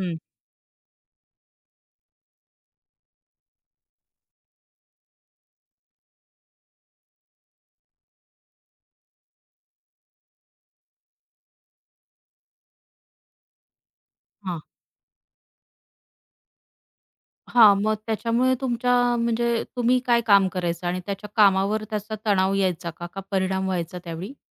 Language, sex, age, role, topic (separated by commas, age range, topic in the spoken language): Marathi, female, 35-39, host, कायम ऑनलाइन राहिल्यामुळे तुमच्या झोपेवर काही परिणाम होतो का?